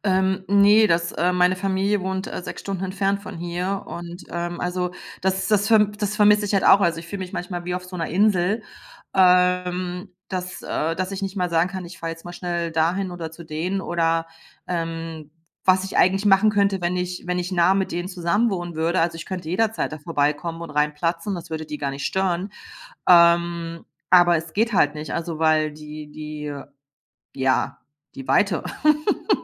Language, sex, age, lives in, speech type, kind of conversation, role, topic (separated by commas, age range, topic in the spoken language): German, female, 45-49, Germany, conversation, advice, user, Wie kann ich mit meiner Angst vor Einsamkeit umgehen und mich selbst neu finden?